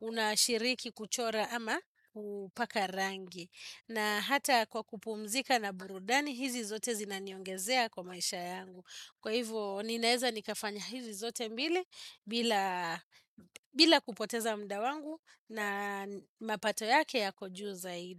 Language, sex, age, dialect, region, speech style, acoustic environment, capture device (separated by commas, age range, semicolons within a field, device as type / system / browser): Swahili, female, 35-44, Kenya - Nairobi Sheng-influenced, Kenya, relaxed, appliances, desktop / Windows / Chrome